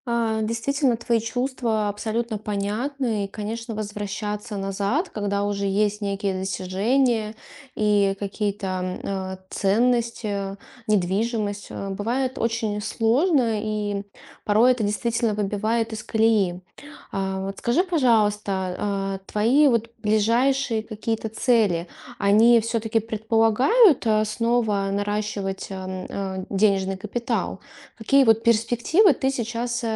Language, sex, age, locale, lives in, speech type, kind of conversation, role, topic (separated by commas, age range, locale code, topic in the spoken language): Russian, female, 35-39, ru-RU, Estonia, conversation, advice, advisor, Как вы переживаете внезапные финансовые трудности и тревогу за будущее?